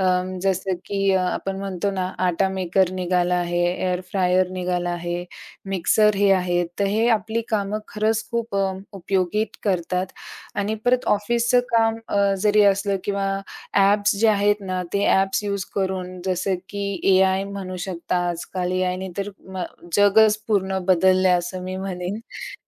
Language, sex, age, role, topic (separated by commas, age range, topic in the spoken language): Marathi, female, 45-49, guest, स्वतःची वेळ तुम्ही प्रभावीपणे कशी व्यवस्थापित करता?